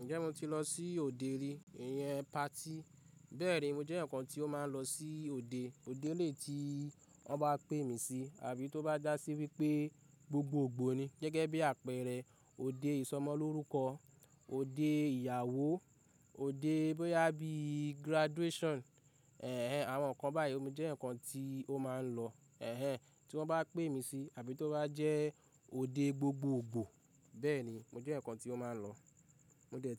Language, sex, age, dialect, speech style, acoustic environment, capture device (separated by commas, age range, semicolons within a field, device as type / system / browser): Yoruba, male, 25-34, Nigeria - Ibadan, excited, people talking, mobile / Linux / Chrome